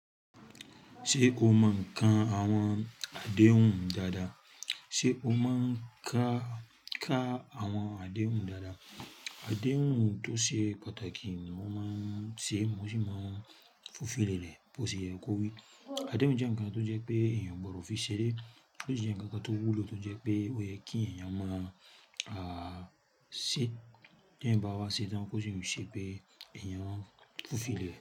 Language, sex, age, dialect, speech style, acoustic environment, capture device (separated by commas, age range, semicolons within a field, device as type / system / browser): Yoruba, female, 35-44, Nigeria - Lagos Yoruba, focused, nature, mobile / Linux / Chrome